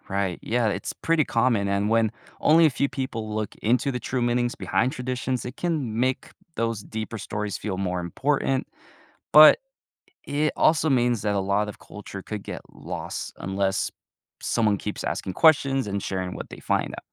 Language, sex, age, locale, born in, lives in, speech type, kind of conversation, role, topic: English, male, 20-24, en-US, United States, United States, read, expert-assistant, expert, How does globalization affect local cultural traditions?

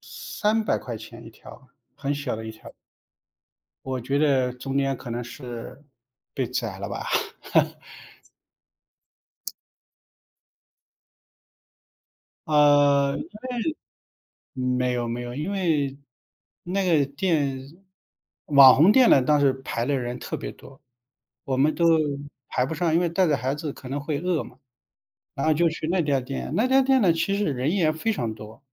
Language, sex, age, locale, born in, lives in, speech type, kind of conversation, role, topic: Chinese, male, 45-49, zh-CN, China, United States, conversation, unstructured, none, 你觉得旅游时被宰客是一个常见问题吗？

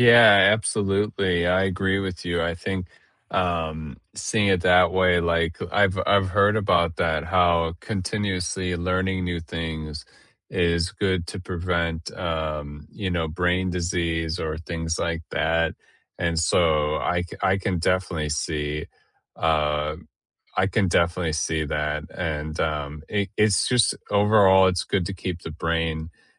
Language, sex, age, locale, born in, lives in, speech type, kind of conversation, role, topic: English, male, 30-34, en-US, United States, United States, conversation, unstructured, none, How do you prefer to learn—by listening, doing, or discussing?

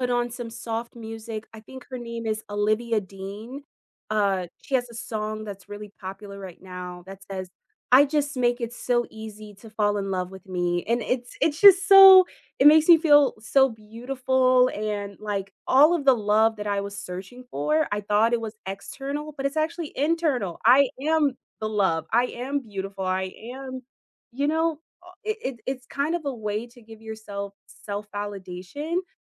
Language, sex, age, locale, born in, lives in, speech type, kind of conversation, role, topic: English, female, 25-29, en-US, United States, United States, conversation, unstructured, none, What’s one surprising way music can impact your workout?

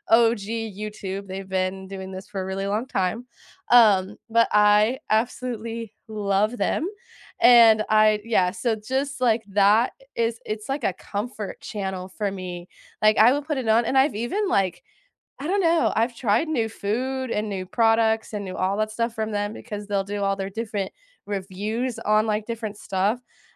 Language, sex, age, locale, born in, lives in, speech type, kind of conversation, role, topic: English, female, 20-24, en-US, United States, United States, conversation, unstructured, none, What hidden-gem podcasts or YouTube channels could we enjoy together, and why?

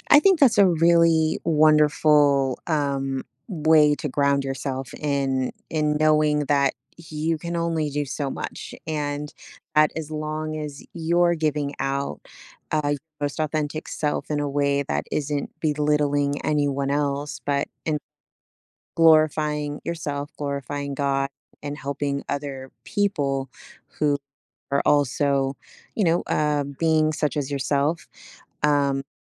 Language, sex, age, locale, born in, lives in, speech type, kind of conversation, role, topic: English, female, 40-44, en-US, United States, United States, conversation, podcast, host, Why is it sometimes difficult to be true to yourself in modern society?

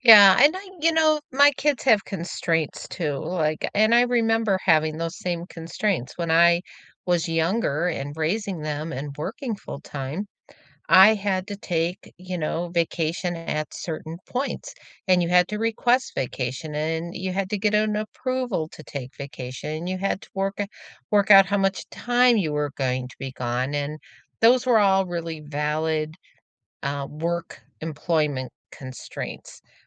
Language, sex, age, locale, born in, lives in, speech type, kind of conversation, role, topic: English, female, 65-69, en-US, United States, United States, conversation, unstructured, none, Which old tradition have you adapted for today, and how does it bring you closer?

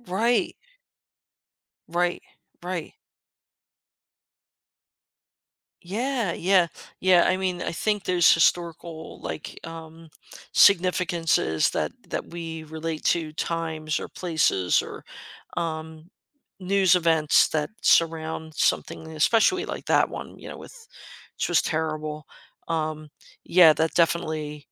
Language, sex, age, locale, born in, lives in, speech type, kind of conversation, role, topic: English, female, 55-59, en-US, United States, United States, conversation, podcast, host, What makes a movie memorable to you?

